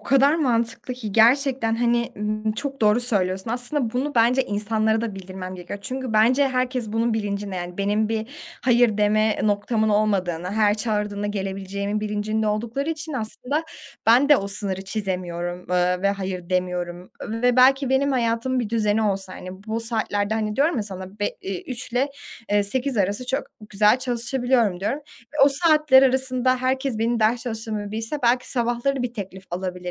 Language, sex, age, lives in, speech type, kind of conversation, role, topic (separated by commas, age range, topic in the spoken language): Turkish, female, 20-24, Germany, conversation, advice, user, Sık kesintiler yüzünden odaklanmakta neden zorlanıyorum?